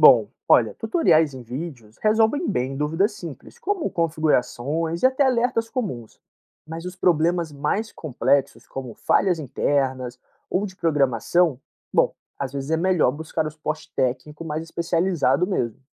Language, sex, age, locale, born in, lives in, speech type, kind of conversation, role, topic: Portuguese, male, 25-29, pt-BR, Brazil, Portugal, read, expert-assistant, expert, Como a automação e o uso de drones podem tornar o manejo de fazendas mais eficiente? Quais habilidades são essenciais para aplicar essa tecnologia no dia a dia?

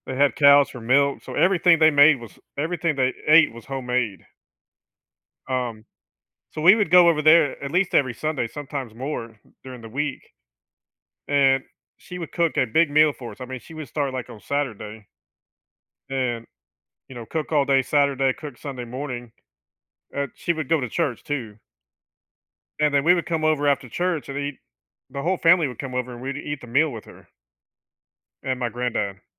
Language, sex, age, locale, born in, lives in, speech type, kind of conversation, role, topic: English, male, 50-54, en-US, United States, United States, conversation, podcast, guest, Why do certain meals feel more comforting or special than others?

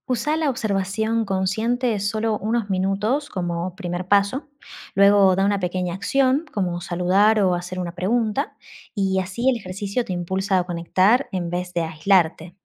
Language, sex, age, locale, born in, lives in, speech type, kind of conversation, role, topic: Spanish, female, 35-39, es-AR, Argentina, Netherlands, read, expert-assistant, expert, ¿Cómo podemos adaptarnos y sentirnos en casa en situaciones y lugares desconocidos?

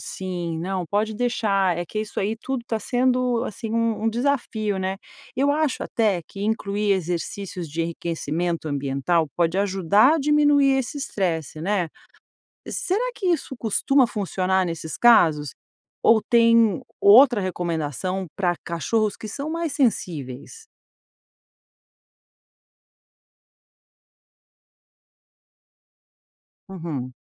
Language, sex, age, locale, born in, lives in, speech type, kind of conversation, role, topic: Portuguese, female, 50-54, pt-BR, Brazil, United States, read, expert-assistant, asker, Como posso identificar e resolver conflitos entre dois animais de estimação que começaram a brigar após anos convivendo em harmonia?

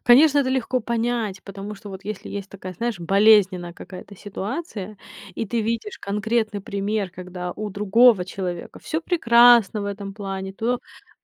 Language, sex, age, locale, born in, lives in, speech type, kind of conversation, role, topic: Russian, female, 30-34, ru-RU, Ukraine, United States, conversation, podcast, host, Как на самом деле социальные сети влияют на твоё настроение?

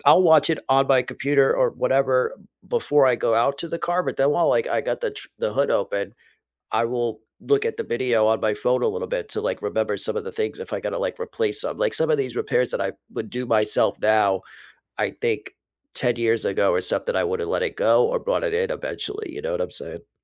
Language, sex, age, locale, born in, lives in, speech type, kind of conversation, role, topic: English, male, 40-44, en-US, United States, United States, conversation, unstructured, none, What new skill are you focusing on this year, and how is the journey going so far?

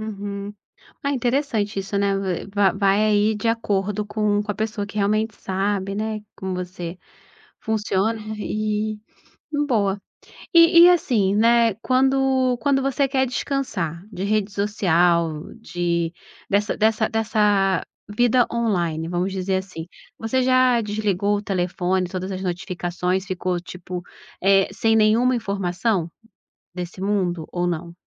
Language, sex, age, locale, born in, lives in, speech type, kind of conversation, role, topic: Portuguese, female, 35-39, pt-BR, Brazil, Portugal, conversation, podcast, host, Como equilibrar a presença online e a vida offline?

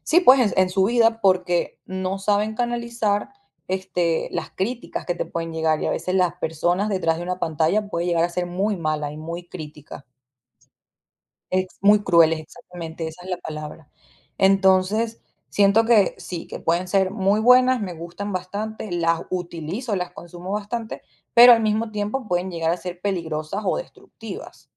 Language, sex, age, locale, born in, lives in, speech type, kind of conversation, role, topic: Spanish, female, 25-29, es-VE, Venezuela, United States, conversation, podcast, guest, ¿Qué piensas sobre las redes sociales hoy en día?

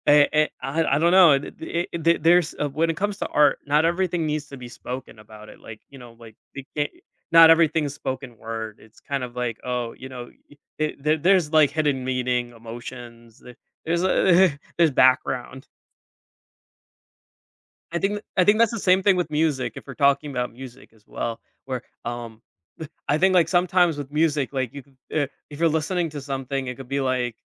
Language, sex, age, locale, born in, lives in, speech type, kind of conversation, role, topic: English, male, 25-29, en-US, United States, United States, conversation, podcast, guest, Why do creative expressions like art and music help people connect and understand each other?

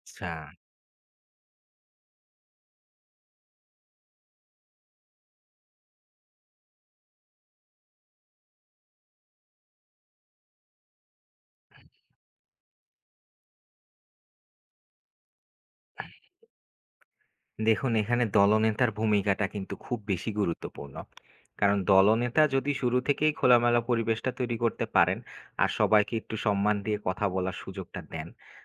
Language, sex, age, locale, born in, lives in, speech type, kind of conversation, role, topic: Bengali, male, 25-29, bn-BD, Bangladesh, Bangladesh, read, expert-assistant, expert, বহুভাষিক দলে মানিয়ে নিতে কীভাবে সহজ যোগাযোগ ও সম্পর্ক গড়ে ওঠে?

